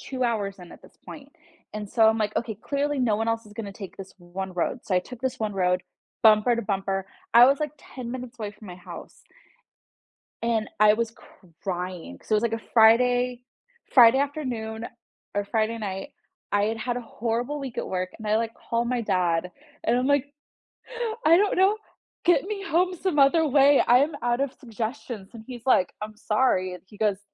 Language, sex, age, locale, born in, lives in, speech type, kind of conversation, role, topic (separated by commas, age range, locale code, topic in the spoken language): English, female, 30-34, en-US, United States, United States, conversation, unstructured, none, How do small moments of happiness shape your everyday life?